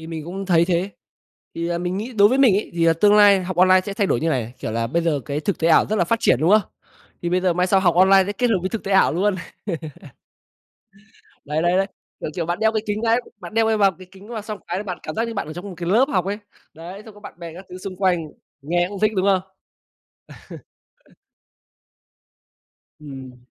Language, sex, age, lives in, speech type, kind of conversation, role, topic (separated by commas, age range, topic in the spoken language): Vietnamese, male, 25-29, Vietnam, conversation, unstructured, none, Bạn nghĩ gì về việc học trực tuyến so với học truyền thống?